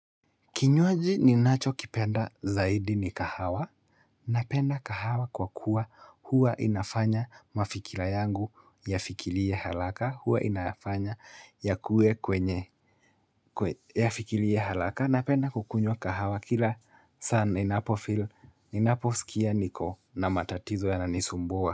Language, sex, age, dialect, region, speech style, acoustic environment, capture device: Swahili, male, 25-34, Kenya - Nairobi Sheng-influenced, Kenya, happy; nervous, silence, mobile / Linux / Chrome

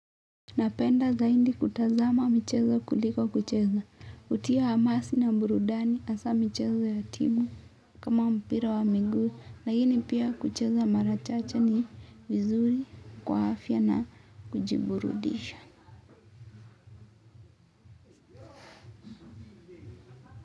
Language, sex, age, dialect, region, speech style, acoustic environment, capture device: Swahili, female, 18-24, Kenya - Mombasa, Kenya, focused, nature, mobile / Linux / Chrome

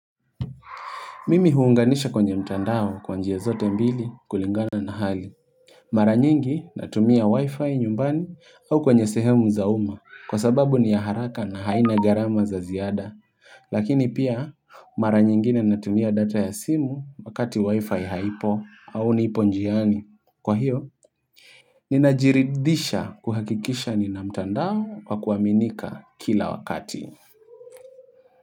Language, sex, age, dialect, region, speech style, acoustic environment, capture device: Swahili, male, 25-34, Kenya - Nairobi Sheng-influenced, Kenya, relaxed, nature; appliances, mobile / Linux / Chrome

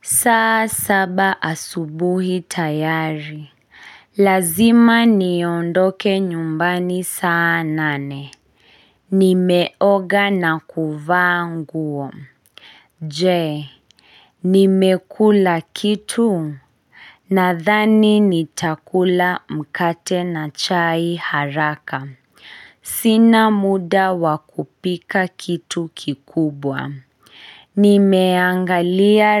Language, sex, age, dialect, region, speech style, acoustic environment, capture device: Swahili, female, 25-34, Kenya - Kiambu, Kenya, focused, silence, mobile / Linux / Chrome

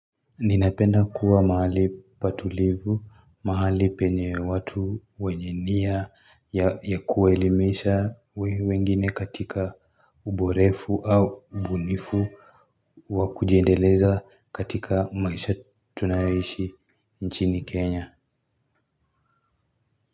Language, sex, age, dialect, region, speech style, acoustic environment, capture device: Swahili, male, 18-24, Kenya - Nairobi Sheng-influenced, Kenya, happy, construction, mobile / Linux / Chrome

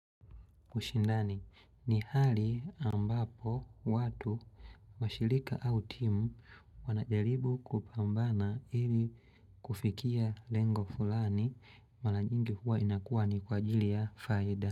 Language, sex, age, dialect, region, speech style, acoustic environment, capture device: Swahili, male, 25-34, Tanzania - Dar es Salaam, Tanzania, happy; relaxed, nature; silence; people talking; appliances, mobile / Linux / Chrome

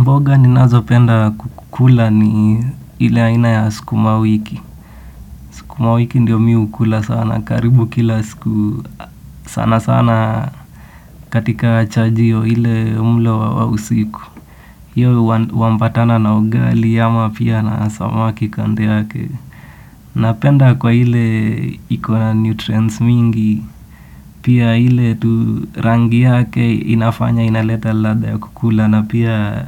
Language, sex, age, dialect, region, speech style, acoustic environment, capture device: Swahili, male, 18-24, Kenya - Nairobi Sheng-influenced, Kenya, relaxed, silence, desktop / Windows / Edge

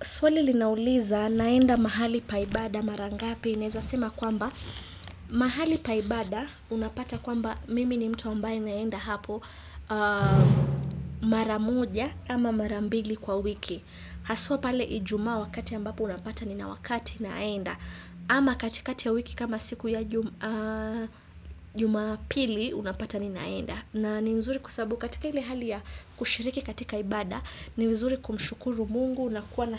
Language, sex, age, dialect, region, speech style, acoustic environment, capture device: Swahili, female, 25-34, Kenya - Mombasa, Kenya, happy; excited; focused, silence, desktop / Windows / Chrome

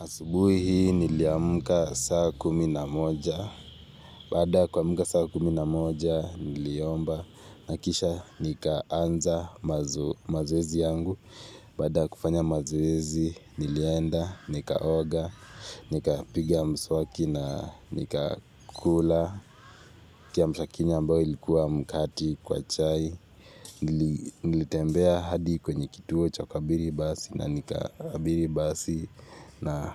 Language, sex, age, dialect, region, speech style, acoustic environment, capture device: Swahili, male, 18-24, Kenya - Nairobi Sheng-influenced, Kenya, relaxed; focused, nature; people talking; silence, mobile / Linux / Chrome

